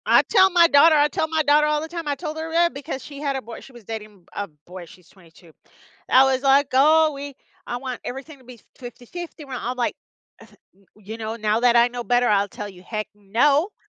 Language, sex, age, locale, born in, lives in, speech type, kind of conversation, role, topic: English, female, 50-54, en-US, United States, United States, conversation, unstructured, none, What are some common reasons couples argue and how can they resolve conflicts more effectively?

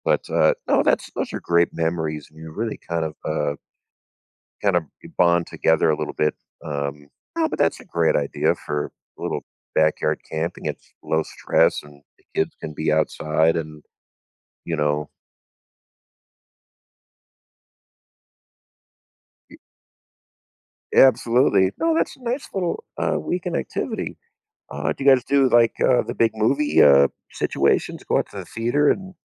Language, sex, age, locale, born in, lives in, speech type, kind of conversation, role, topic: English, male, 45-49, en-US, United States, United States, conversation, advice, advisor, How can I deepen my friendships and build closer connections?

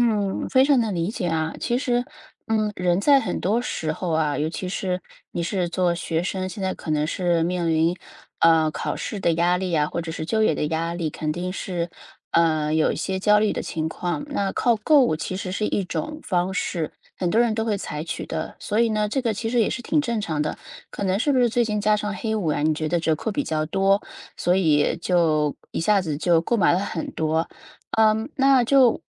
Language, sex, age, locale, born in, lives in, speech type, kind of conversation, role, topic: Chinese, female, 35-39, zh-CN, China, United States, conversation, advice, advisor, 我情绪低落时会靠购物解压，但又担心形成恶性循环，该怎么办？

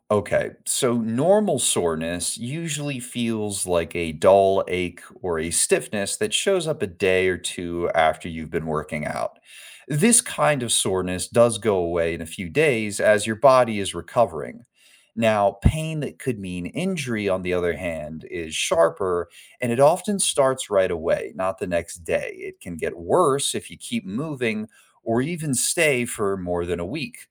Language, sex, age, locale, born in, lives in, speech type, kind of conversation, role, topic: English, male, 25-29, en-US, United States, United States, read, expert-assistant, expert, What happens to the body during exercise?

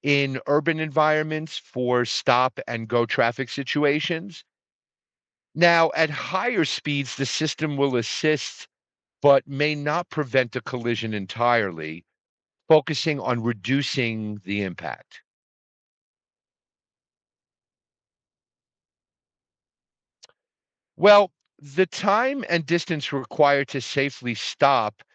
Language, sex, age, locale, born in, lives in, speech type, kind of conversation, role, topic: English, male, 55-59, en-US, United States, United States, read, expert-assistant, expert, How do automatic brakes stop cars?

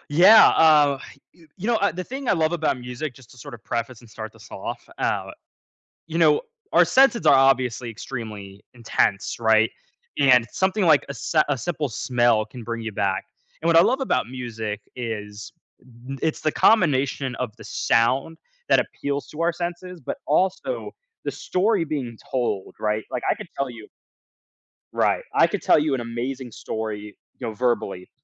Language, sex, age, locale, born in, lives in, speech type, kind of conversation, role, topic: English, male, 20-24, en-US, United States, United States, conversation, podcast, guest, How can a song shape your memories or life experiences?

